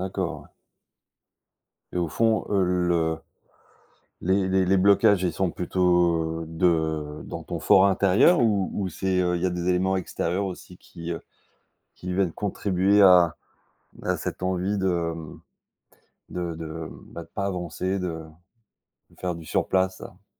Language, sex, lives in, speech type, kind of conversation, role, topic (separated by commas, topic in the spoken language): French, male, France, conversation, advice, advisor, Comment décririez-vous votre procrastination sur un projet important dont la date limite approche ?